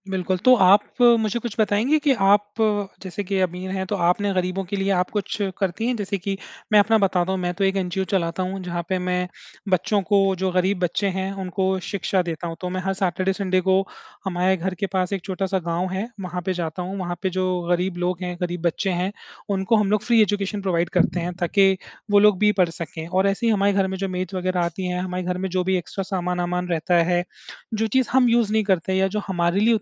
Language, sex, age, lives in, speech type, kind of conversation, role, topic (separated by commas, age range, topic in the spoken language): Hindi, male, 30-34, India, conversation, unstructured, none, क्या आपके हिसाब से समाज में गरीब और अमीर के बीच की दूरी कम हो सकती है?